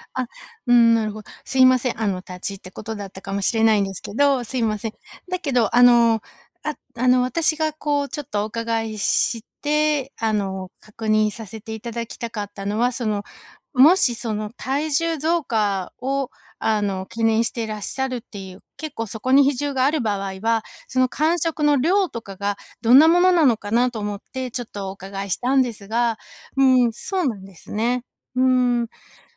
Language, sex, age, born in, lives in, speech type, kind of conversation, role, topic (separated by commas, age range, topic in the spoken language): Japanese, female, 45-49, Japan, United States, conversation, advice, advisor, 間食で健康的な選択をするにはどうすればよいですか？